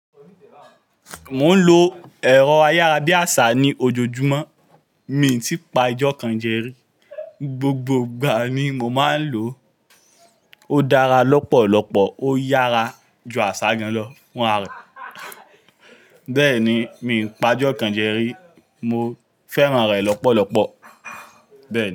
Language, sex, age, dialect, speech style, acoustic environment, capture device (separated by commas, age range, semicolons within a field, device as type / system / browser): Yoruba, male, 25-34, Nigeria - Lagos Yoruba, happy, people talking, mobile / Linux / Chrome